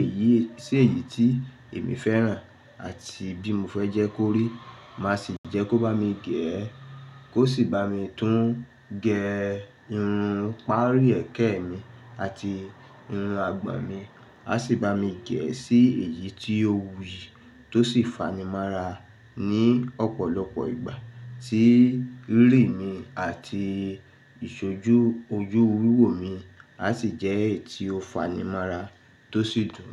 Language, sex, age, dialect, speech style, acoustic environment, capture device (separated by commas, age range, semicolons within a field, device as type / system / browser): Yoruba, male, 35-44, Nigeria - Lagos Yoruba, focused, nature; silence; traffic, mobile / Linux / Chrome